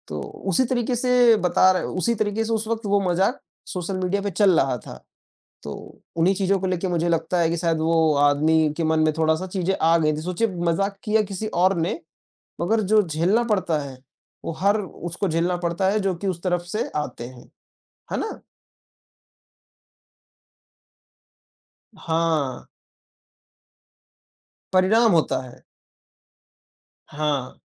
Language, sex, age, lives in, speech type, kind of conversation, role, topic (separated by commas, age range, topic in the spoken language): Hindi, male, 20-24, India, conversation, unstructured, none, क्या सामाजिक माध्यम समाज को जोड़ते हैं या अलग करते हैं?